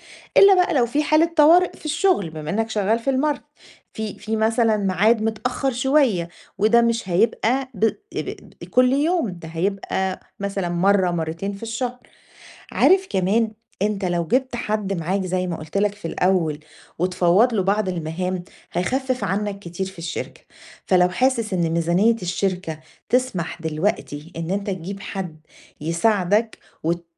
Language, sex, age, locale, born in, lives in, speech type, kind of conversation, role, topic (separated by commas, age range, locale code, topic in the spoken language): Arabic, female, 40-44, ar-EG, Egypt, Greece, conversation, advice, advisor, إزاي أوازن بين شغلي وحياتي الشخصية كرائد أعمال؟